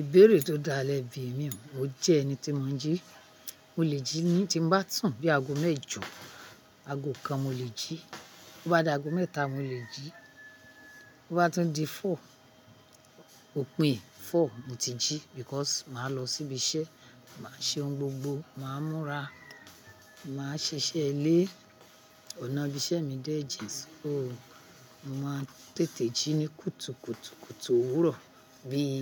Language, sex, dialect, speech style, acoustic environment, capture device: Yoruba, female, Nigeria - Ibadan, happy; excited, people talking; traffic; nature, mobile / Linux / Chrome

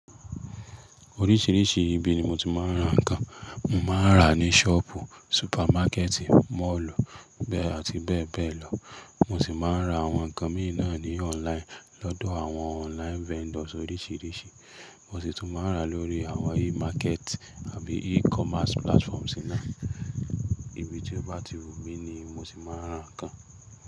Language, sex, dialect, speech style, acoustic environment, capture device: Yoruba, male, Nigeria - Nigerian Standard, stressed, appliances, mobile / Linux / Chrome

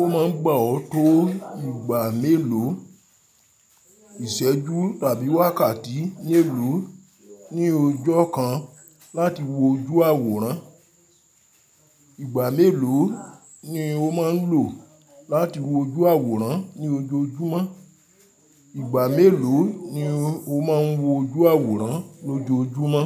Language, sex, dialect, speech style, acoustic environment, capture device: Yoruba, male, Nigeria - Ibadan, happy, silence, mobile / Linux / Chrome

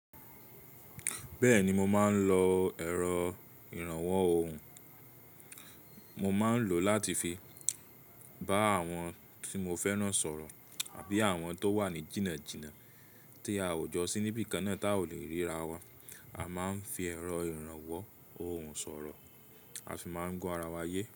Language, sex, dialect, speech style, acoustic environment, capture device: Yoruba, male, Nigeria - Nigerian Standard, relaxed, silence, mobile / Linux / Chrome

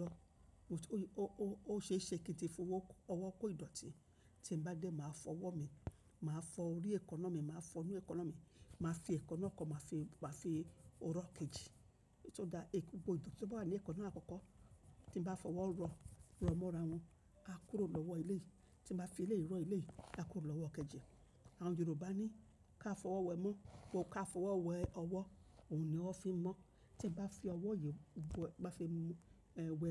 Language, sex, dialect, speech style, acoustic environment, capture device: Yoruba, female, Nigeria - Lagos Yoruba, relaxed, nature, mobile / macOS / Safari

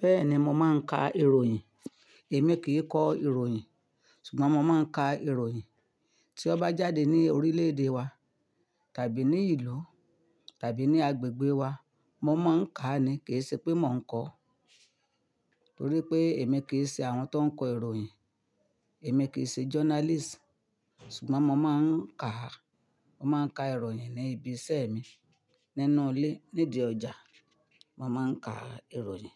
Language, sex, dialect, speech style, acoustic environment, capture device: Yoruba, female, Nigeria - Ibadan, happy, silence, mobile / Linux / Chrome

